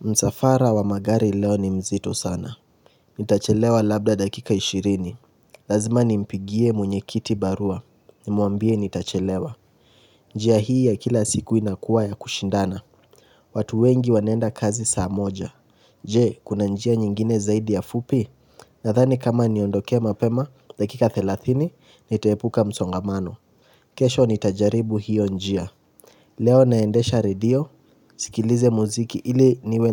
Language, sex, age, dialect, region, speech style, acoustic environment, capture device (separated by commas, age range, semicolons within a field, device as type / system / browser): Swahili, male, 18-24, Kenya - Nairobi Sheng-influenced, Kenya, relaxed, nature, mobile / Linux / Chrome